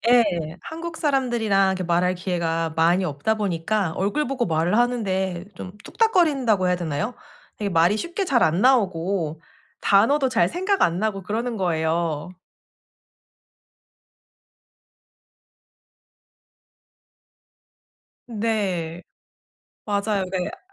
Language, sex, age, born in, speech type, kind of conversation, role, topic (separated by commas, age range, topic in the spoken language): Korean, female, 35-39, South Korea, conversation, advice, user, 목적 없이 사람들과 어울리는 것이 어떻게 도움이 될까요?